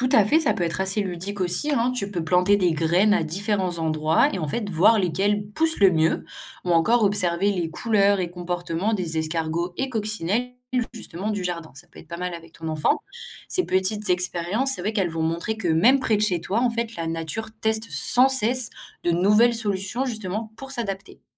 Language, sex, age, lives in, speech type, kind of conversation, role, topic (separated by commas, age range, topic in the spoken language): French, female, 18-19, France, read, expert-assistant, expert, Quelles méthodes rendent l’évolution plus claire à imaginer ou à expliquer à quelqu’un ?